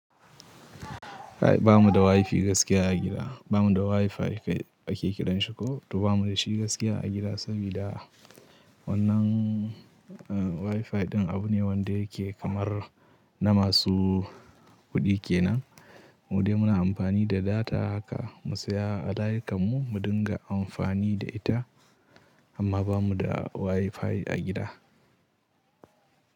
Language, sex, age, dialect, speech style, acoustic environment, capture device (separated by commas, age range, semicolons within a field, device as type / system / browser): Hausa, male, 18-24, Nigeria - Katsina, happy; anxious; nervous; excited; tired; relaxed; stressed; focused, people talking; music; nature; silence; traffic; construction; appliances, mobile / Linux / Chrome